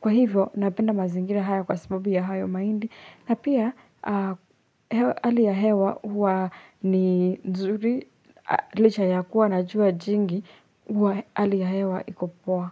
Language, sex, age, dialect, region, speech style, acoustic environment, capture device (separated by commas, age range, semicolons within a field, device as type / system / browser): Swahili, female, 18-24, Kenya - Nairobi Sheng-influenced, Kenya, happy, silence, desktop / Linux / Chrome